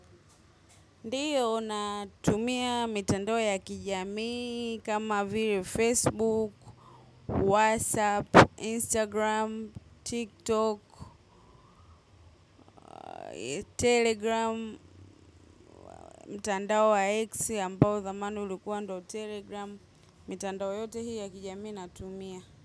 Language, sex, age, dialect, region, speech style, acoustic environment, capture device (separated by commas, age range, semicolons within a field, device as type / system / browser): Swahili, female, 25-34, Tanzania - Dar es Salaam, Tanzania, happy; relaxed, nature; silence, mobile / macOS / Safari